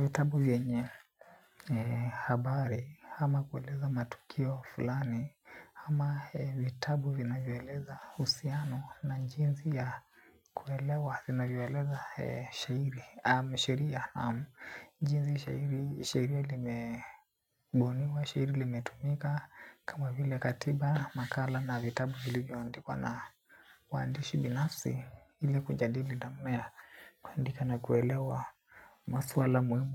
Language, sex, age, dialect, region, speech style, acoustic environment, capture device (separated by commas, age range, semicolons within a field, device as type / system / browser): Swahili, male, 18-24, Kenya - Nairobi Sheng-influenced, Kenya, happy, nature, desktop / Linux / Chrome